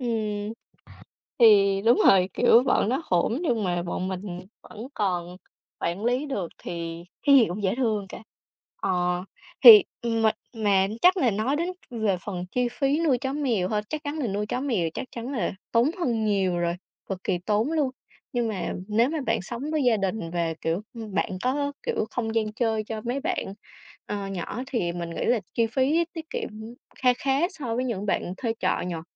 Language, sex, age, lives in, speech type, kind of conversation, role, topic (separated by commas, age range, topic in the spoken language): Vietnamese, female, 20-24, Vietnam, conversation, unstructured, none, Bạn nghĩ sao về việc nuôi thú cưng so với việc không nuôi thú cưng?